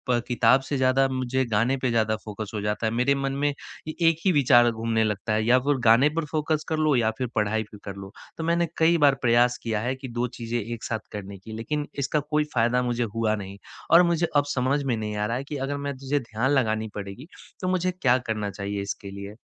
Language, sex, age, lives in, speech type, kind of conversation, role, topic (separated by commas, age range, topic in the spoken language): Hindi, male, 20-24, India, conversation, advice, user, एकाग्रता बनाए रखने में कठिनाई